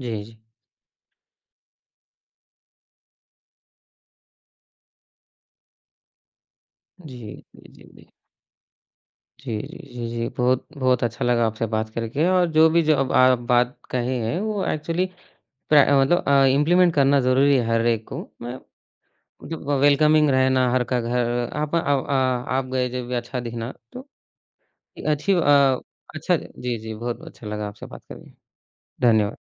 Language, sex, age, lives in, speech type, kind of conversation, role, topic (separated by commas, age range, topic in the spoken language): Hindi, male, 20-24, India, conversation, podcast, host, Kaun si cheez ghar ko turant apna-sa aur khushnuma bana deti hai?